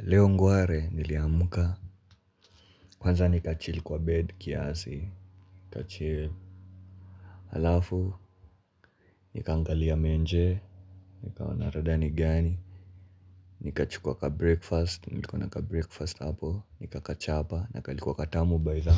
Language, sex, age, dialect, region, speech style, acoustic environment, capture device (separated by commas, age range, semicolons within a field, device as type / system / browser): Swahili, male, 18-24, Kenya - Nairobi Sheng-influenced, Kenya, relaxed; focused, silence; nature; people talking, mobile / Linux / Chrome